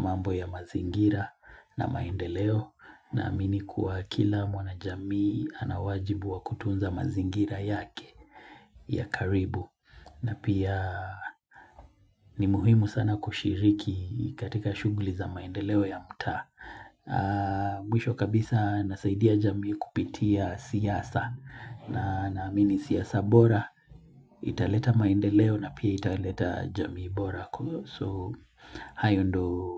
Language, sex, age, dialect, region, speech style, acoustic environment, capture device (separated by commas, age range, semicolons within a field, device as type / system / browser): Swahili, male, 25-34, Kenya - Mombasa, Kenya, relaxed, nature, mobile / Linux / Chrome